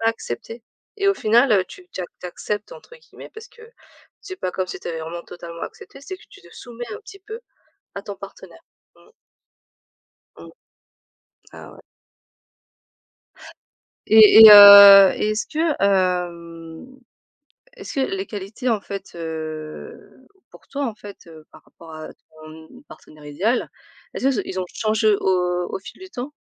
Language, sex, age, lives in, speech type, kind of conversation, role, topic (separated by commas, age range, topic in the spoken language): French, female, 35-39, France, conversation, unstructured, none, Quelles qualités recherches-tu chez un partenaire ?